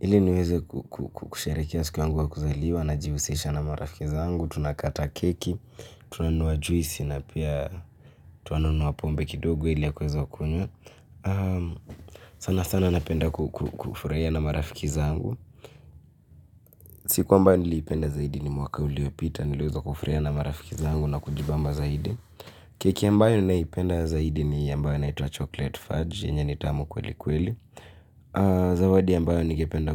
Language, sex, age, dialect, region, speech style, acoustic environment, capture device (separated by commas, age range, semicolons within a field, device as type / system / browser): Swahili, male, 18-24, Kenya - Nairobi Sheng-influenced, Kenya, happy; excited, nature; silence, mobile / Linux / Chrome